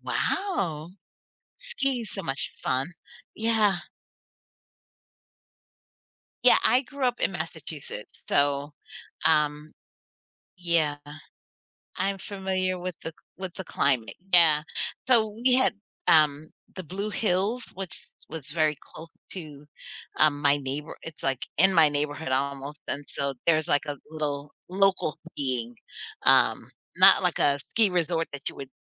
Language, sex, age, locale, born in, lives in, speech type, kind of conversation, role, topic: English, female, 40-44, en-US, United States, United States, conversation, podcast, host, How has facing a fear changed your perspective on challenges?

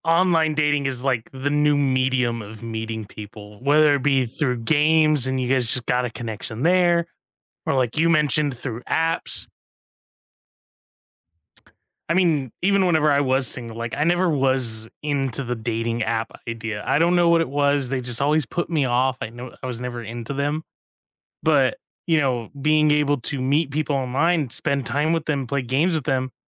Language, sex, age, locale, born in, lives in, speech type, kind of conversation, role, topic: English, male, 25-29, en-US, United States, United States, conversation, unstructured, none, What is the best way to keep romance alive?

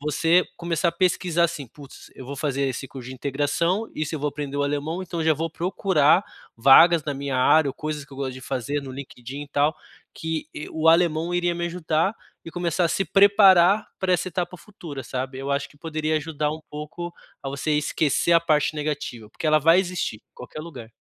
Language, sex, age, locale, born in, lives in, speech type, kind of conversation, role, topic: Portuguese, male, 30-34, pt-BR, Brazil, Germany, conversation, advice, advisor, Como posso me adaptar a mudanças sociais tão rápidas?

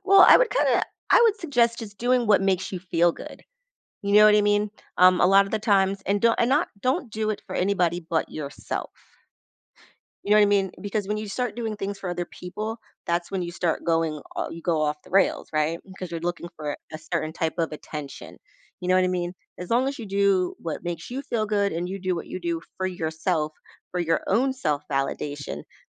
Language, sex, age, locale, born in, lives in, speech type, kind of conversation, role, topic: English, female, 40-44, en-US, United States, United States, conversation, podcast, guest, Why do we seek both acceptance and individuality in our lives?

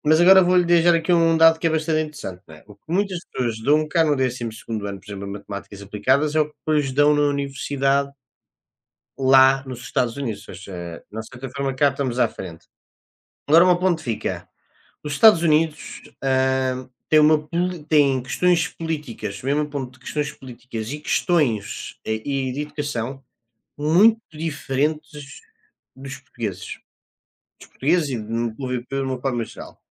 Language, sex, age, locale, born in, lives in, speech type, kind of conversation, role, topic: Portuguese, male, 20-24, pt-PT, Portugal, Portugal, conversation, unstructured, none, Que mudanças você gostaria de ver na política educacional?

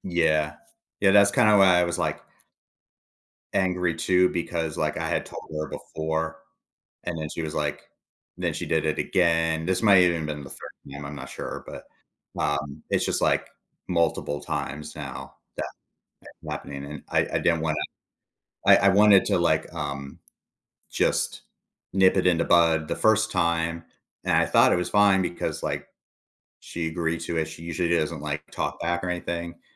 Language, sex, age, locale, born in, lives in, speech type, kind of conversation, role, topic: English, male, 40-44, en-US, United States, United States, conversation, advice, user, How can I apologize and rebuild trust after saying harsh words in anger?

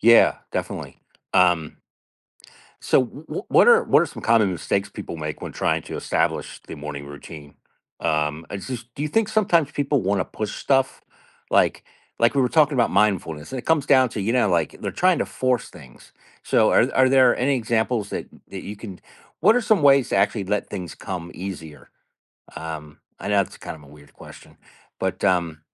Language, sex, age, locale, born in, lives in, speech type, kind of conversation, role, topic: English, male, 50-54, en-US, United States, United States, conversation, podcast, host, How can a consistent morning routine shape our overall well-being and productivity?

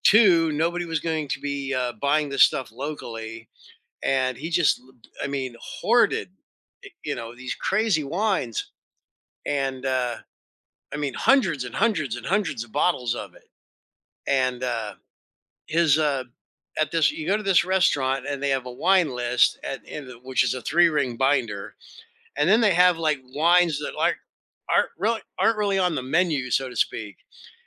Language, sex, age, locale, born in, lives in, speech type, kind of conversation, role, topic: English, male, 60-64, en-US, United States, United States, conversation, podcast, host, How do special meals or shared food experiences shape our memories and relationships?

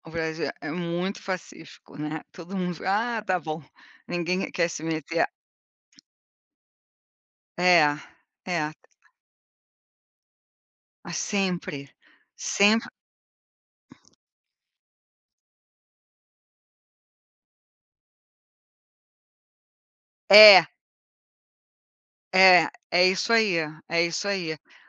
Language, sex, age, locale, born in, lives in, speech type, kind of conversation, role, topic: Portuguese, female, 60-64, pt-BR, Brazil, United States, conversation, unstructured, none, Por que as guerras continuam acontecendo mesmo com tanta informação disponível?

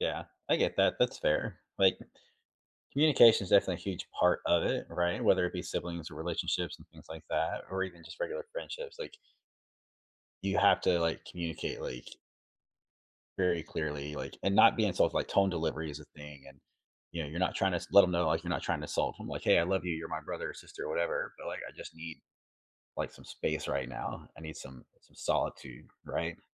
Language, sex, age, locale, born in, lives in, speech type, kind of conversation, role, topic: English, male, 40-44, en-US, United States, United States, conversation, unstructured, none, How can you balance personal space and shared time to strengthen your connection?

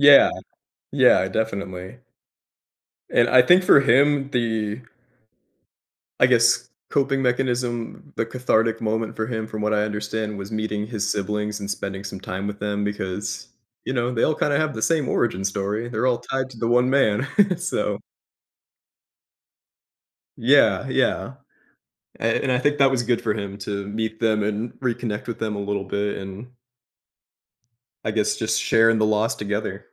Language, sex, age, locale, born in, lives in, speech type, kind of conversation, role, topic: English, male, 25-29, en-US, United States, United States, conversation, podcast, guest, What are some meaningful ways people find comfort and healing after losing someone important to them?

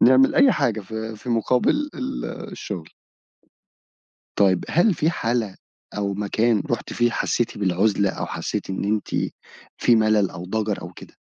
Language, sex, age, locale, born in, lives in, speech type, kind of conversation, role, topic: Arabic, male, 25-29, ar-EG, Egypt, Egypt, conversation, podcast, host, إزاي تبني شبكة دعم بعد ما تنقل لمدينة جديدة؟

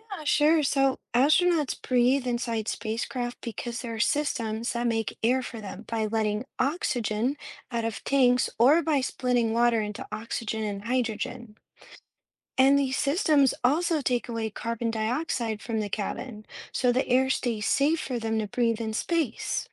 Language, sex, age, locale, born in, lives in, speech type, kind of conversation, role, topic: English, female, 35-39, en-US, United States, United States, read, expert-assistant, expert, How do astronauts breathe in space?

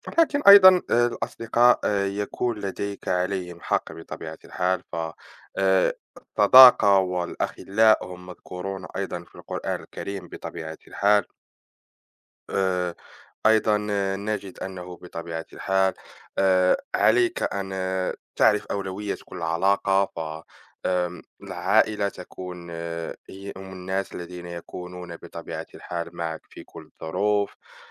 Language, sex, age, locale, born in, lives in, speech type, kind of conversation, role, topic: Arabic, male, 20-24, ar-MA, Morocco, France, conversation, unstructured, none, إزاي بتوازن بين وقتك مع العيلة ووقتِك مع أصحابك؟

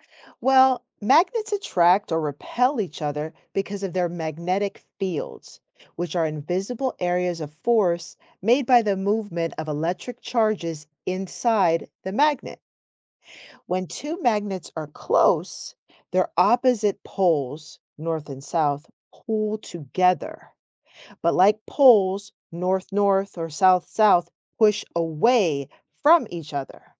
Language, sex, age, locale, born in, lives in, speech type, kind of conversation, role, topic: English, female, 45-49, en-US, United States, United States, read, expert-assistant, expert, How do magnets attract or repel each other?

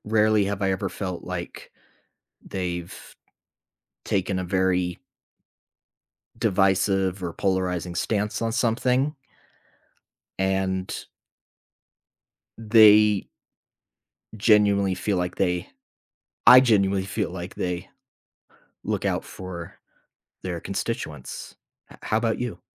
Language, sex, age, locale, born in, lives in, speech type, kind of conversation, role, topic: English, male, 25-29, en-US, United States, United States, conversation, unstructured, none, What do you like most about your local government?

